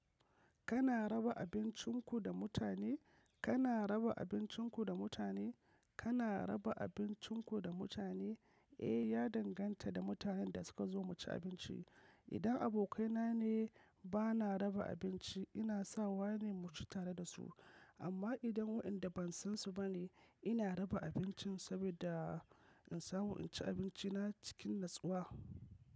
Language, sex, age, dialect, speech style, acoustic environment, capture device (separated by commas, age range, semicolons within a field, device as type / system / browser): Hausa, male, 25-34, Nigeria - Kano, focused, nature; silence, mobile / macOS / Safari